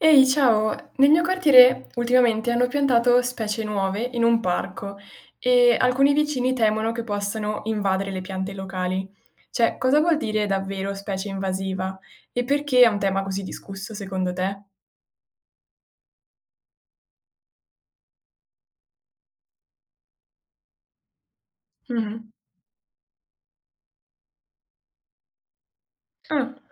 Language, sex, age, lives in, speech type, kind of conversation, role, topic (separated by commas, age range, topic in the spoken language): Italian, female, 18-19, Italy, read, expert-assistant, asker, Quando sento parlare di ‘specie invasive’, cosa significa esattamente? Perché ci sono opinioni diverse su come gestirle?